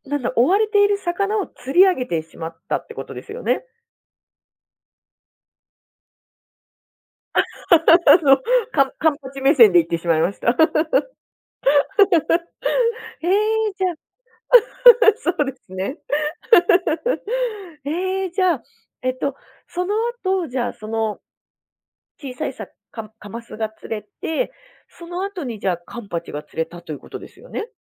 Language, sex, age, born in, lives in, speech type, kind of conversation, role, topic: Japanese, female, 35-39, Japan, Japan, conversation, podcast, host, 趣味で一番嬉しかった体験ってどんなの？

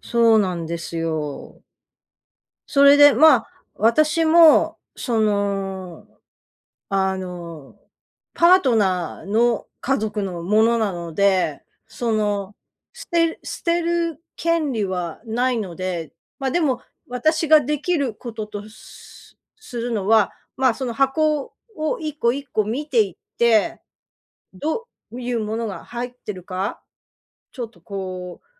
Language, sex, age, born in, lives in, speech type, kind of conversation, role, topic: Japanese, female, 55-59, Japan, United States, conversation, advice, user, 断捨離をしたいのに、思い出の品がどうしても捨てられないのですが、どうすれば手放せますか？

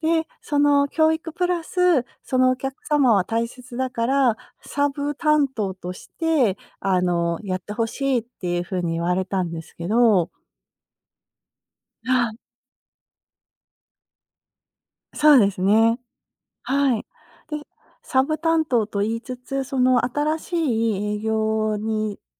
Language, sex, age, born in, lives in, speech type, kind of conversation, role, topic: Japanese, female, 50-54, Japan, United States, conversation, advice, user, 昇進や昇給を目指して自己主張したいのに、うまくできないときはどうすればよいですか？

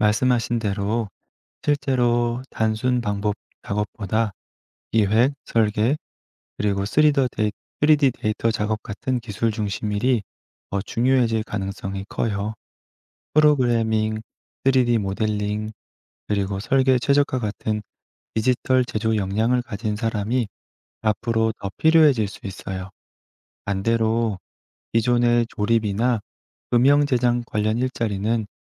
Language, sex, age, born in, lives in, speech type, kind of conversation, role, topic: Korean, male, 35-39, South Korea, France, read, expert-assistant, expert, 3D 프린터가 제조업에 가져온 변화는 무엇인가요?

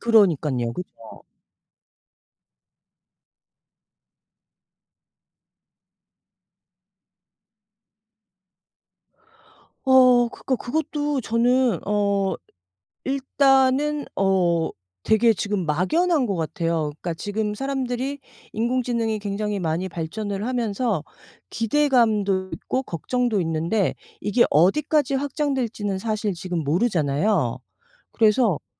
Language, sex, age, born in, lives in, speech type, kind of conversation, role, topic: Korean, female, 50-54, South Korea, United States, conversation, unstructured, none, 인공지능이 우리의 일자리를 빼앗을까요?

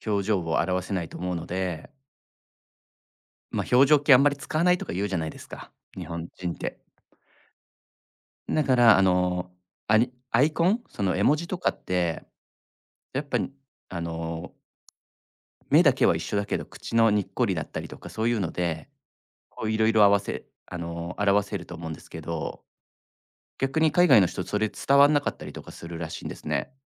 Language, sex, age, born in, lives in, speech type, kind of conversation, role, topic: Japanese, male, 35-39, Japan, Japan, conversation, podcast, guest, マスクを着けているとき、表情や感情をどのように相手に伝えていますか？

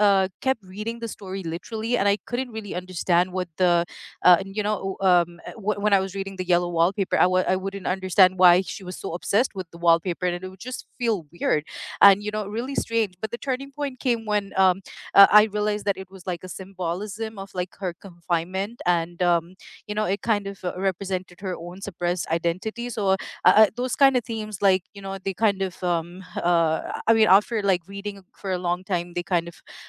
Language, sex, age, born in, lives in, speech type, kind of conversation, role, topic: English, female, 35-39, United States, United States, conversation, unstructured, none, Which school project do you still talk about years later, and what made it unforgettable?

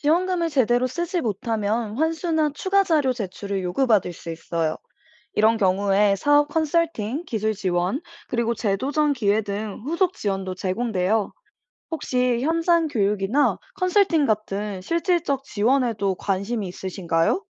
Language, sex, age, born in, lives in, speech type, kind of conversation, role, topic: Korean, female, 25-29, South Korea, United States, read, expert-assistant, expert, 농업 기술 트렌드, 신뢰할 만한 정보는 어떻게 구별할 수 있나요?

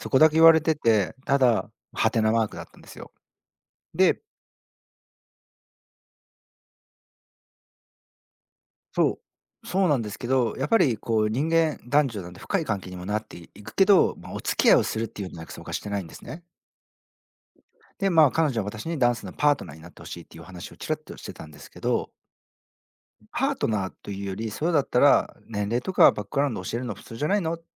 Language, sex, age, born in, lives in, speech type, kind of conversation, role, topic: Japanese, male, 40-44, Japan, Japan, conversation, advice, user, 批判に過敏に反応して関係を壊してしまうのは、なぜでしょうか？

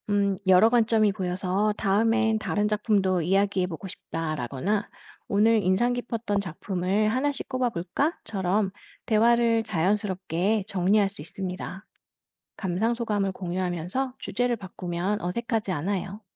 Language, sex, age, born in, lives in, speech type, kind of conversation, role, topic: Korean, female, 45-49, South Korea, France, read, expert-assistant, expert, 예술 작품을 좀 더 깊이 있게 감상하기 위한 계획을 세우려면 어떤 점을 고려해야 할까요?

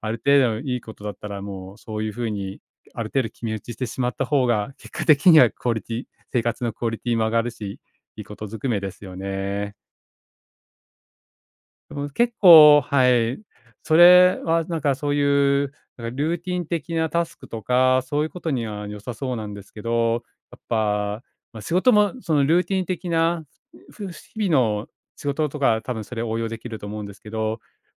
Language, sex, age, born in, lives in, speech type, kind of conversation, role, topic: Japanese, male, 45-49, Japan, Japan, conversation, advice, user, 完璧を求めすぎて仕事や家事が進まないのはなぜですか？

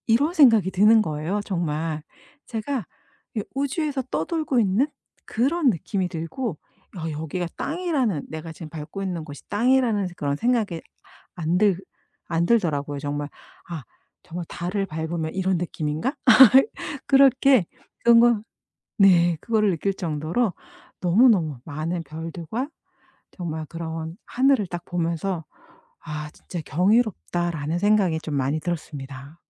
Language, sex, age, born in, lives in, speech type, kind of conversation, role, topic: Korean, female, 50-54, South Korea, United States, conversation, podcast, guest, 별이 쏟아지는 밤하늘을 볼 때 어떤 생각이 드시나요?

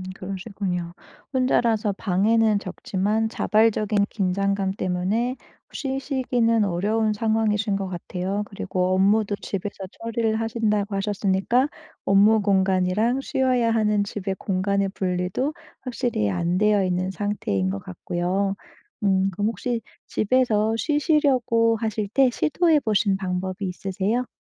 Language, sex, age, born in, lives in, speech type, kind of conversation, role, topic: Korean, female, 35-39, South Korea, Germany, conversation, advice, advisor, 집에서 편하게 쉬는 게 왜 자주 어려운가요?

